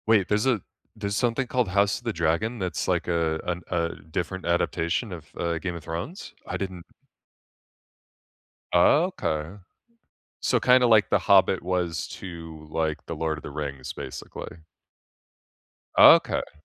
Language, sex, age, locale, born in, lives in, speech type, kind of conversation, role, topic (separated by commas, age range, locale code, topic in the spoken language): English, male, 25-29, en-US, United States, United States, conversation, unstructured, none, Which book-to-screen adaptation surprised you, for better or worse?